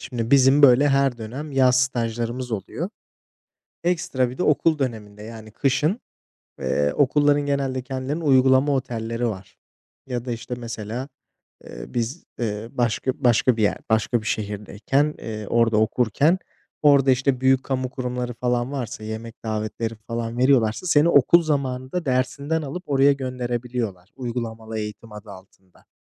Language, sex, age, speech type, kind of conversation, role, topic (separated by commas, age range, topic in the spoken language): Turkish, male, 25-29, conversation, unstructured, none, Geçmişte yaşadığın bir haksızlık var mı?